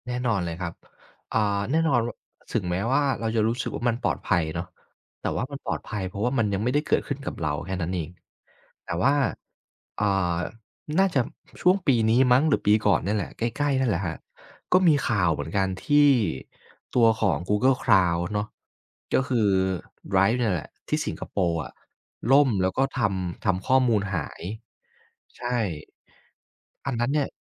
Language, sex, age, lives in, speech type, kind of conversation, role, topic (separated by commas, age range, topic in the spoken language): Thai, male, 25-29, Thailand, conversation, podcast, guest, คุณชอบใช้เครื่องมืออะไรในการเก็บและจัดระเบียบความรู้?